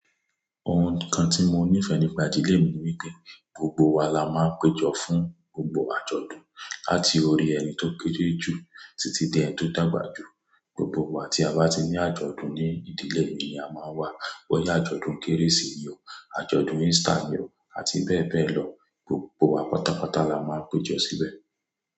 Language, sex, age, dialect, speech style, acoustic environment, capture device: Yoruba, male, 25-34, Nigeria - Ibadan, tired, silence, mobile / Android / Chrome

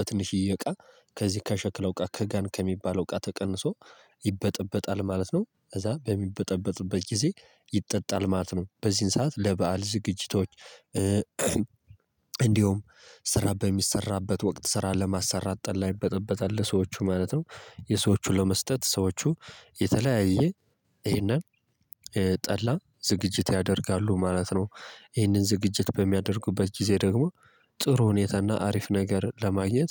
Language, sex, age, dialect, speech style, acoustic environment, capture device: Amharic, male, 18-24, Ethiopia - Gojjam, happy, people talking, mobile / Linux / Chrome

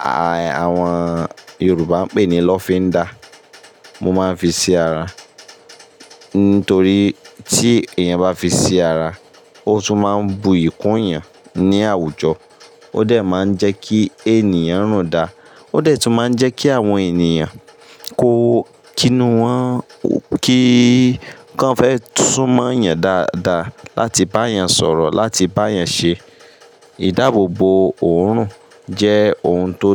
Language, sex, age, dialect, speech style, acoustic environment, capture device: Yoruba, male, 25-34, Nigeria - Ibadan, stressed, construction; appliances, mobile / Linux / Chrome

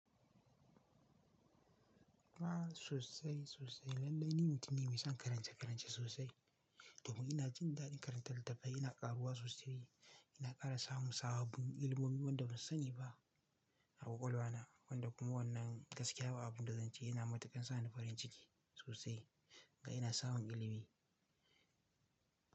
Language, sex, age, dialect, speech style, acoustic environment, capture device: Hausa, male, 18-24, Nigeria - Kano, relaxed, silence, mobile / Linux / Chrome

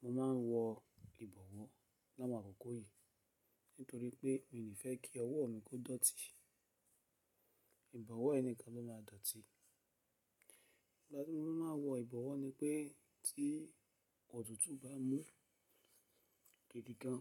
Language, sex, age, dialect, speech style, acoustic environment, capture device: Yoruba, male, 35-44, Nigeria - Lagos Yoruba, focused, silence, mobile / Linux / Chrome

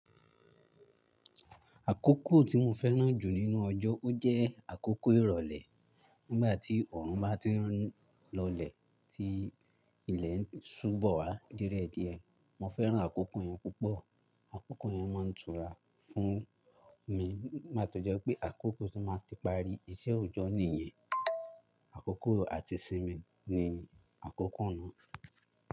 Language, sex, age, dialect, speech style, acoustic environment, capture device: Yoruba, male, 18-24, Nigeria - Ibadan, relaxed, people talking, mobile / Linux / Chrome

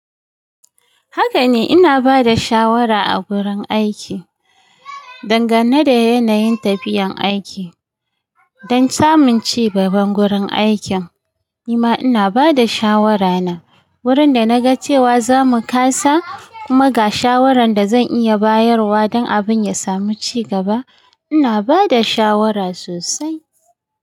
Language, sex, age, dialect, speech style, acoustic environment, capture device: Hausa, female, 35-44, Nigeria - Kano, happy; excited; focused, nature, mobile / Linux / Chrome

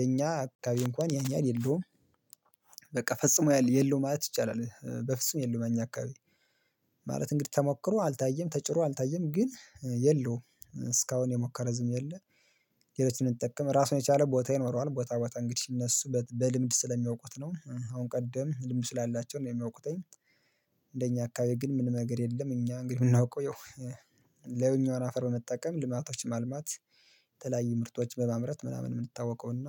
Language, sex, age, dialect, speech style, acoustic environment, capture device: Amharic, male, 25-34, Ethiopia - Gondar, relaxed; happy; excited; focused, silence, mobile / Linux / Chrome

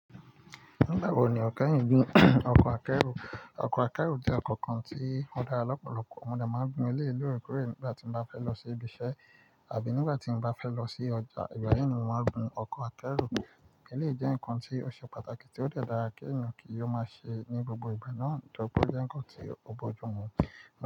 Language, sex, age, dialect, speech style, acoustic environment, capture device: Yoruba, male, 25-34, Nigeria - Nigerian Standard, relaxed, nature, mobile / Linux / Chrome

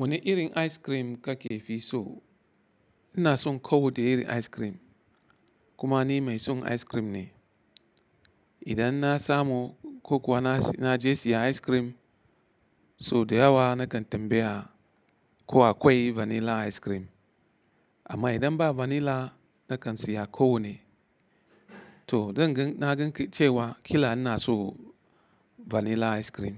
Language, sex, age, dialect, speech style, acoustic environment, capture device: Hausa, male, 35-44, Nigeria - Katsina, happy, people talking, mobile / Linux / Chrome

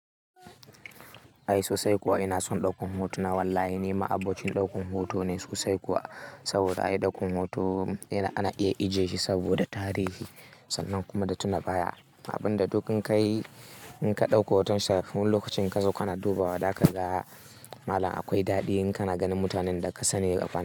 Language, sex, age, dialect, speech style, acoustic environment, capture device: Hausa, male, 18-24, Nigeria - Kano, happy; excited; nervous; anxious; focused; tired, silence, mobile / Linux / Chrome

